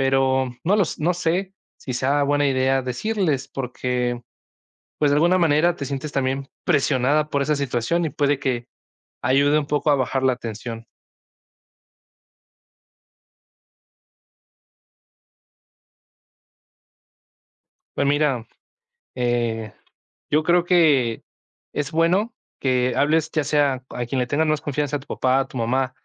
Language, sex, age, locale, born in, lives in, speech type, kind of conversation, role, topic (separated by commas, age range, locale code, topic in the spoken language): Spanish, male, 20-24, es-MX, Mexico, Mexico, conversation, advice, advisor, ¿Cómo puedo buscar apoyo emocional después de una ruptura inesperada?